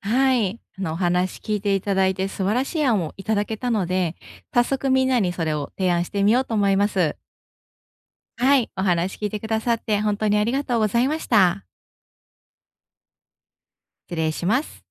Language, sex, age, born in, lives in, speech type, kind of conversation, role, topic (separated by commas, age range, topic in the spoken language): Japanese, female, 35-39, Japan, Japan, conversation, advice, user, 仕事や社交の予定と睡眠時間をうまく両立させるには、どう時間を調整すればよいですか？